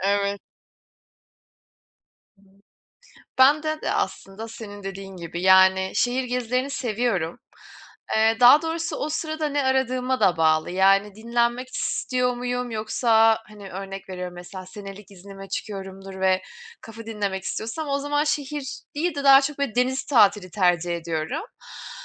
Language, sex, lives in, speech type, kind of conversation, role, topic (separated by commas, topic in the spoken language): Turkish, female, Germany, conversation, unstructured, none, Doğa tatilleri mi yoksa şehir gezileri mi daha çok hoşunuza gider?